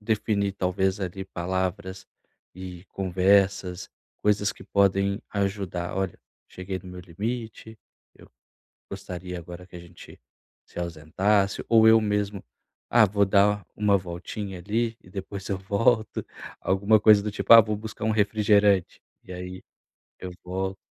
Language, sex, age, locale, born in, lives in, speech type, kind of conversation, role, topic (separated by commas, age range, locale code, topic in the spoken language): Portuguese, male, 30-34, pt-BR, Brazil, Portugal, conversation, advice, user, Como posso recusar convites para eventos de que não gosto sem magoar ninguém?